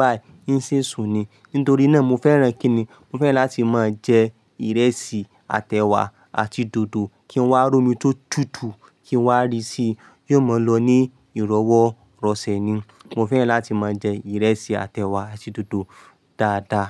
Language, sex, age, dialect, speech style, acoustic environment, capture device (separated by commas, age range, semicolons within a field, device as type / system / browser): Yoruba, male, 25-34, Nigeria - Ibadan, relaxed, nature, mobile / Linux / Chrome